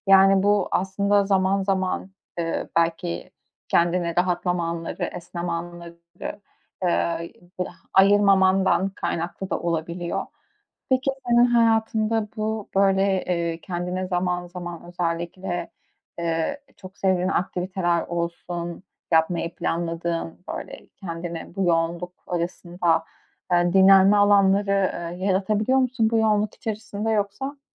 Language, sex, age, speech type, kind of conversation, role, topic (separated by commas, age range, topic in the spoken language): Turkish, female, 25-29, conversation, advice, advisor, Evde film izlerken veya müzik dinlerken nasıl daha iyi rahatlayabilirim?